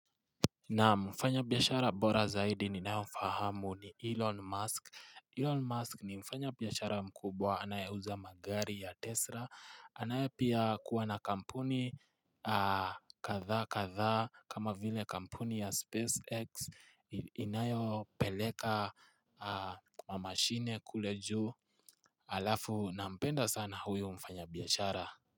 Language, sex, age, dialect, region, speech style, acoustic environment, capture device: Swahili, male, 18-24, Kenya - Nairobi Sheng-influenced, Kenya, relaxed, silence, mobile / Linux / Chrome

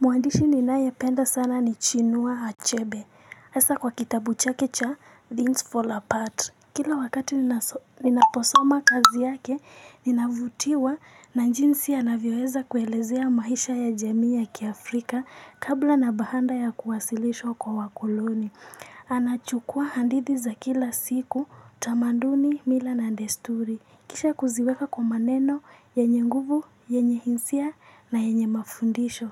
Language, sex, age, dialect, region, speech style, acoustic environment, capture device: Swahili, female, 18-24, Kenya - Nairobi Sheng-influenced, Kenya, focused; excited, people talking; music; nature, mobile / Linux / Chrome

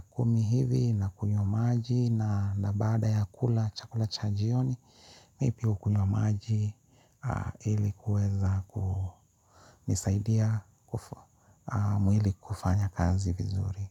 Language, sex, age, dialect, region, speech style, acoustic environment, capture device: Swahili, male, 35-44, Kenya - Nairobi Sheng-influenced, Kenya, happy; relaxed; focused, people talking; music; appliances, mobile / Linux / Chrome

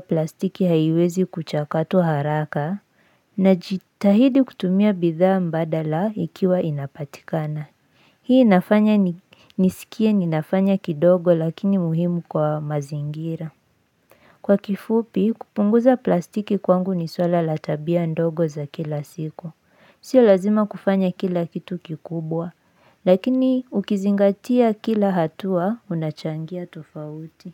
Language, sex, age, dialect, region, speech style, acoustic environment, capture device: Swahili, female, 18-24, Kenya - Nairobi Sheng-influenced, Kenya, anxious, silence, mobile / Linux / Chrome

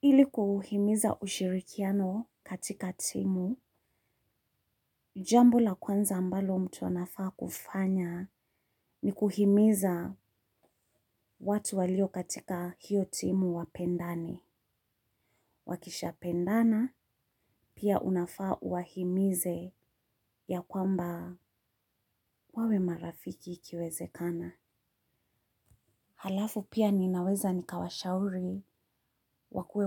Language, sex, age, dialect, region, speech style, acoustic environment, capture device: Swahili, female, 35-44, Kenya - Nairobi Sheng-influenced, Kenya, tired, silence, mobile / Linux / Chrome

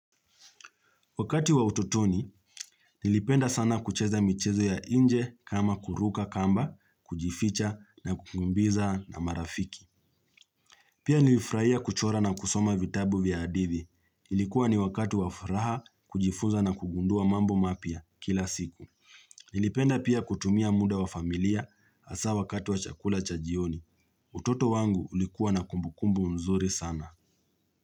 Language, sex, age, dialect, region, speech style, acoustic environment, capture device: Swahili, male, 25-34, Kenya - Nairobi Sheng-influenced, Kenya, relaxed; focused, nature; silence, mobile / Linux / Chrome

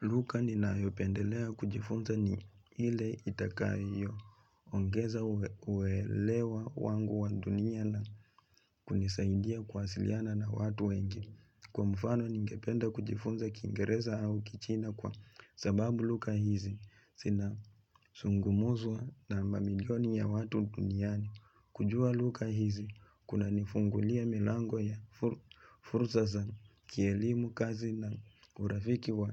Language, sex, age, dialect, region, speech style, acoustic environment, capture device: Swahili, male, 25-34, Kenya - Nairobi Sheng-influenced, Kenya, relaxed, nature; silence, mobile / Linux / Chrome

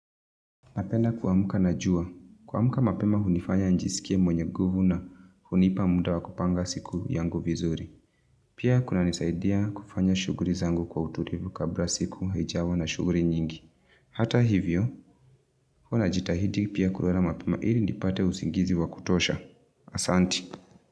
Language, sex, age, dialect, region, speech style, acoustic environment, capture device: Swahili, female, 25-34, Kenya - Nairobi Sheng-influenced, Kenya, excited, nature, mobile / Linux / Chrome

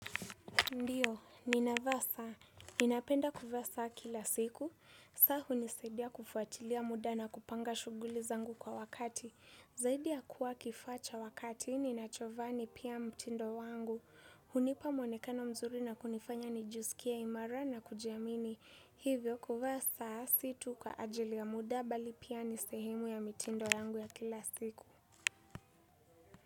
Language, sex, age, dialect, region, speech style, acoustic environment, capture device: Swahili, female, 18-24, Kenya - Nairobi Sheng-influenced, Kenya, happy; focused; excited; relaxed, silence, desktop / Windows / Chrome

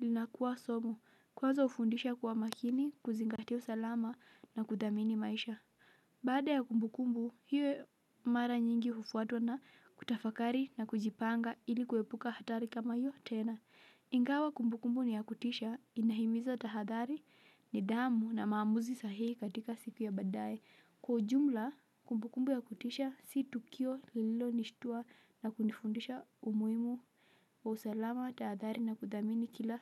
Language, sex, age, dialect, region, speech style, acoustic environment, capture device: Swahili, female, 18-24, Kenya - Mombasa, Kenya, relaxed, silence; nature, mobile / Linux / Chrome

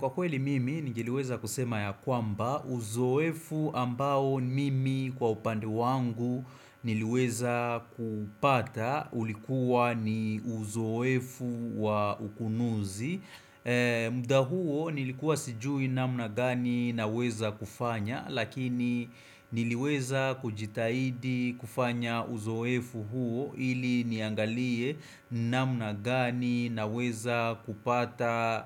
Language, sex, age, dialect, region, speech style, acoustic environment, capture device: Swahili, male, 25-34, Kenya - Nairobi Sheng-influenced, Kenya, focused, silence; appliances, desktop / Windows / Chrome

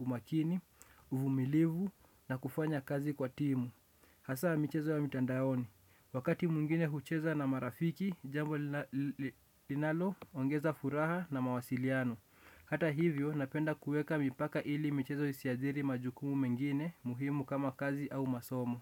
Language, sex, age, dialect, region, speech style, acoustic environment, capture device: Swahili, male, 18-24, Kenya - Nairobi Sheng-influenced, Kenya, relaxed, nature, desktop / Windows / Chrome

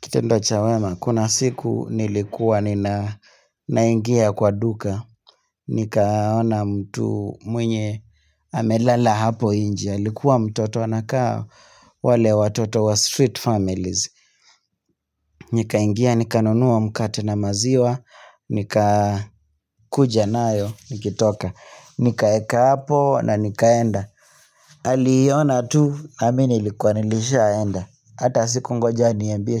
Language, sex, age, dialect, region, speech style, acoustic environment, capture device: Swahili, male, 25-34, Kenya - Nairobi Sheng-influenced, Kenya, relaxed, silence, mobile / Linux / Chrome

